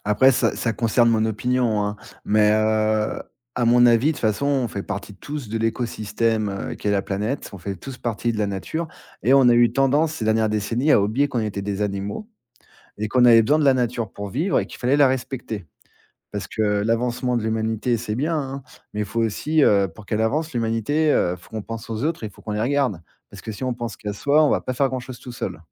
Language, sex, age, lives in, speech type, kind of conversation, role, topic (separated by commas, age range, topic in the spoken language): French, male, 40-44, France, conversation, podcast, guest, Peux-tu raconter une balade qui t’a aidé à te recentrer sur l’essentiel ?